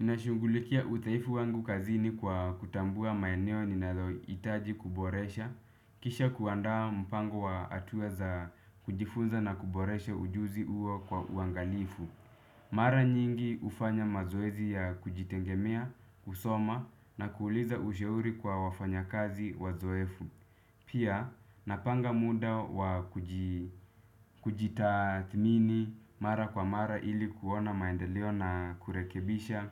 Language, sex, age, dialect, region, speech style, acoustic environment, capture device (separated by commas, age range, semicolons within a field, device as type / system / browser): Swahili, male, 25-34, Kenya - Nairobi Sheng-influenced, Kenya, relaxed; focused, people talking; music; appliances, desktop / Windows / Chrome